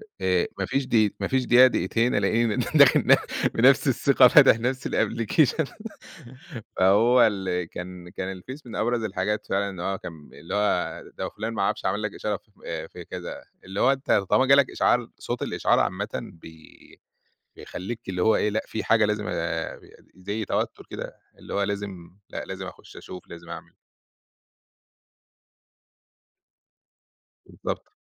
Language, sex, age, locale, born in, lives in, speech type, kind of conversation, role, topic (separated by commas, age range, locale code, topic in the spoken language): Arabic, male, 30-34, ar-EG, Egypt, Egypt, conversation, podcast, guest, إزاي بتتعامل مع المشتّتات الرقمية وإنت شغال؟